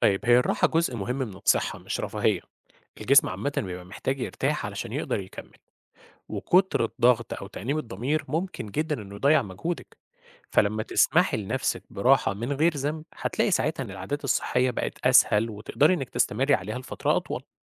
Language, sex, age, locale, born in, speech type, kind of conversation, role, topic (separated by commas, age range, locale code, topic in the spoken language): Arabic, male, 30-34, ar-EG, Egypt, read, expert-assistant, expert, فيه قرارات كتير حوالين الأكل والرياضة، إزاي أقدر أفهم جسمي وأعرف إيه اللي ينفعني عشان أوصل لتوازن صحي؟